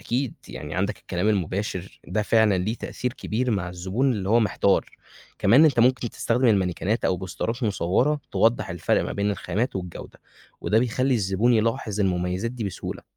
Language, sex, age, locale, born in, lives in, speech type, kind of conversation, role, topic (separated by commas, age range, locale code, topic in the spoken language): Arabic, male, 20-24, ar-EG, Egypt, Egypt, read, expert-assistant, expert, إيه أفضل منهجية ممكن يستخدمها صاحب بزنس صغير علشان يحدد أسعار المنتجات بتاعته بشكل عادل ومربح؟